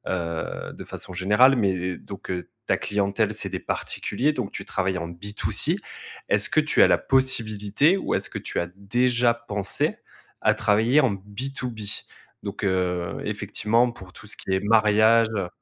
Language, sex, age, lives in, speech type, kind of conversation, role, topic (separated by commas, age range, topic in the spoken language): French, male, 30-34, France, conversation, advice, advisor, Comment puis-je savoir si mon idée répond à un vrai besoin et si le marché est suffisamment grand ?